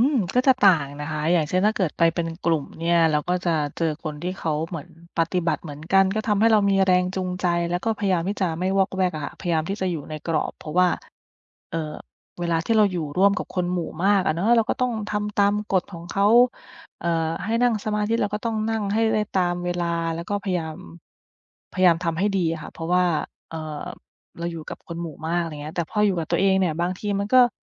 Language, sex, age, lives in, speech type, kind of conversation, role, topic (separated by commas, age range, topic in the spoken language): Thai, female, 30-34, United States, conversation, podcast, guest, เวลาเครียดมากๆ คุณทำอะไรเพื่อคลายเครียด?